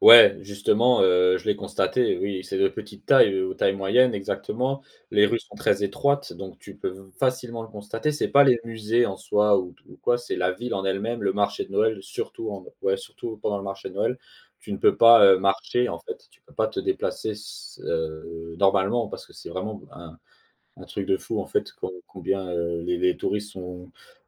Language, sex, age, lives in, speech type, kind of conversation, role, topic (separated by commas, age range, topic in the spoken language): French, male, 25-29, France, conversation, podcast, guest, As-tu une astuce pour visiter un lieu sans être entouré de touristes ?